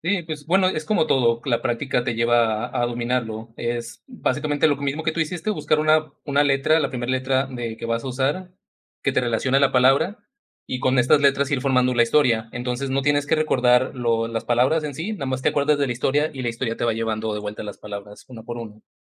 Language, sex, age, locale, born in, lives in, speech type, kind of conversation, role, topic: Spanish, male, 35-39, es-MX, Mexico, Mexico, conversation, podcast, host, ¿Cómo manejas la ansiedad antes de un examen?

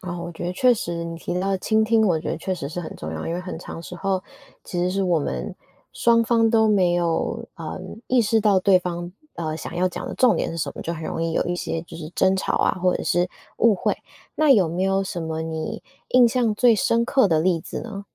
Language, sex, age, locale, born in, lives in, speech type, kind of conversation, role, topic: Chinese, female, 25-29, zh-TW, Taiwan, United States, conversation, podcast, host, 当你和长辈意见不合时，怎么才能既尊重对方又坚持自己的界限？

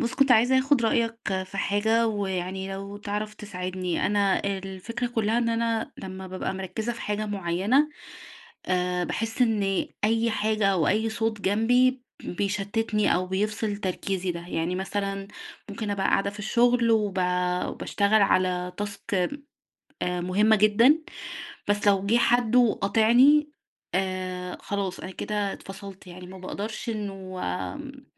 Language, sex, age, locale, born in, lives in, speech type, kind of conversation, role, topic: Arabic, female, 30-34, ar-EG, Egypt, Egypt, conversation, advice, user, إزاي أتجنب التشتت وأحافظ على تركيزي في جلسات الشغل اللي محتاجة تركيز؟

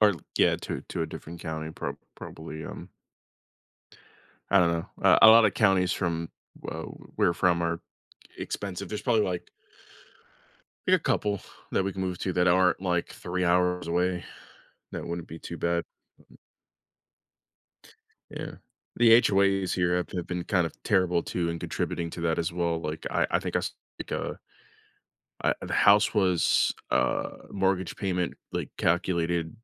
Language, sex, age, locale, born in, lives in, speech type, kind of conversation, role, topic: English, male, 25-29, en-US, United States, United States, conversation, advice, user, How can I reduce stress and get control of my bills and finances?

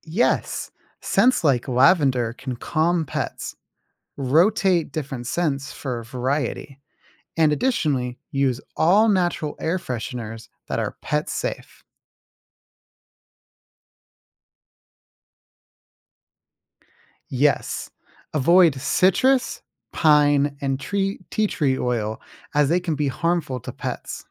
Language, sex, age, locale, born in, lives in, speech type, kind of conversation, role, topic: English, male, 20-24, en-US, United States, United States, read, expert-assistant, expert, How do I provide enrichment for my pet in the winter?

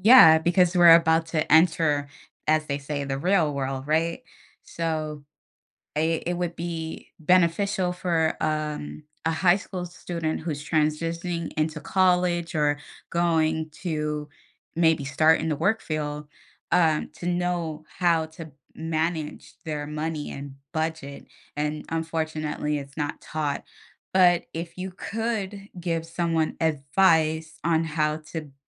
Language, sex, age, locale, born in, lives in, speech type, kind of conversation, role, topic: English, female, 30-34, en-US, United States, United States, conversation, podcast, host, How can understanding credit cards help people make better financial decisions?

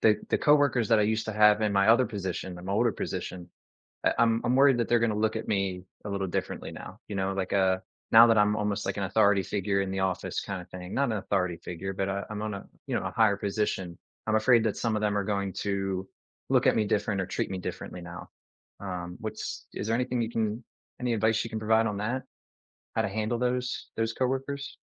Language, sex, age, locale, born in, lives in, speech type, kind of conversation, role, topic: English, male, 30-34, en-US, United States, United States, conversation, advice, user, How can I gain confidence and manage new responsibilities after an unexpected promotion?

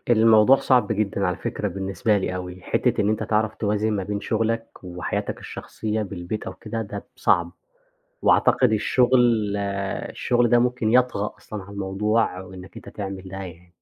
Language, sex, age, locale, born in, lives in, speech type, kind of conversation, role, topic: Arabic, male, 25-29, ar-EG, Egypt, Egypt, conversation, podcast, guest, إزاي بتفصل بين الشغل وحياتك الشخصية وإنت بتشتغل من البيت؟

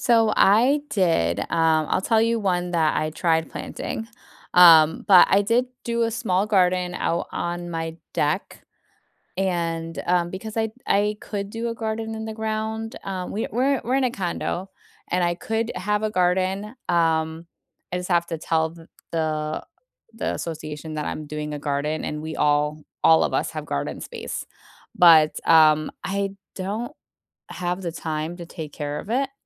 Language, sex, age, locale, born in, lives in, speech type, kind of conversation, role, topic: English, female, 40-44, en-US, United States, United States, conversation, unstructured, none, How do you care for and display houseplants at home?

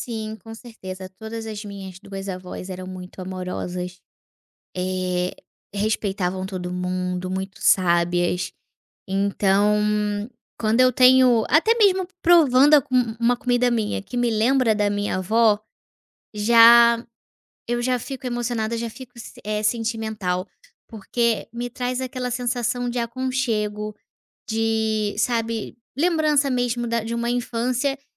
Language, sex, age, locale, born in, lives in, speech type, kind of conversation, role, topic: Portuguese, female, 25-29, pt-BR, Brazil, Portugal, conversation, podcast, guest, Qual prato faz você lembrar imediatamente da sua avó?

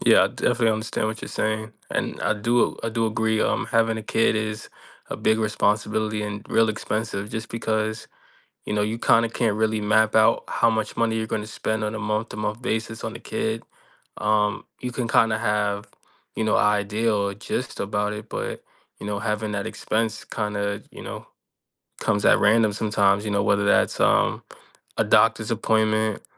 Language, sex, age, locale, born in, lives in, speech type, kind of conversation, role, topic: English, male, 25-29, en-US, United States, United States, conversation, unstructured, none, What has been your hardest financial struggle so far?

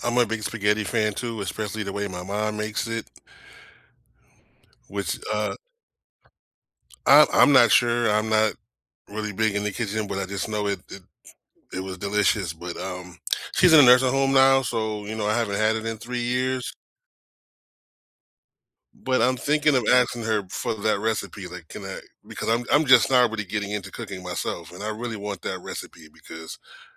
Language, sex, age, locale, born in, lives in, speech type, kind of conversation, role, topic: English, male, 45-49, en-US, United States, United States, conversation, unstructured, none, What is your favorite comfort food, and why?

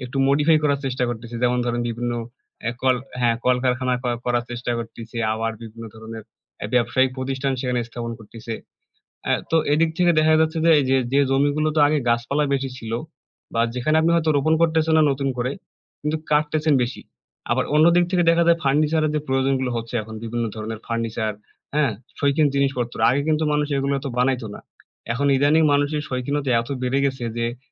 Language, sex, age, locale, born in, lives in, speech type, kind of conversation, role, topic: Bengali, male, 20-24, bn-BD, Bangladesh, Bangladesh, conversation, unstructured, none, গাছ লাগানো আপনার জীবনে কী পরিবর্তন এনেছে?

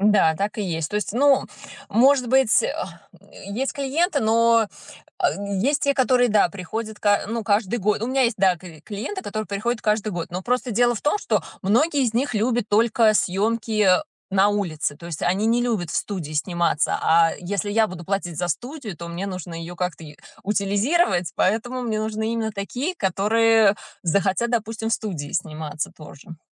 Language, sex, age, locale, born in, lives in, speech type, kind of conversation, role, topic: Russian, female, 35-39, ru-RU, Russia, United States, conversation, advice, user, Как привлечь первых клиентов без бюджета?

